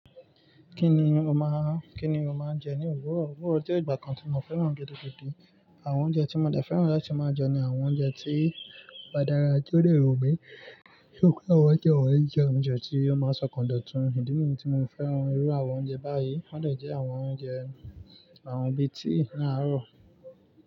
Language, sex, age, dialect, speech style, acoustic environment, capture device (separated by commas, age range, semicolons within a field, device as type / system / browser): Yoruba, male, 18-24, Nigeria - Nigerian Standard, focused, nature, mobile / Linux / Chrome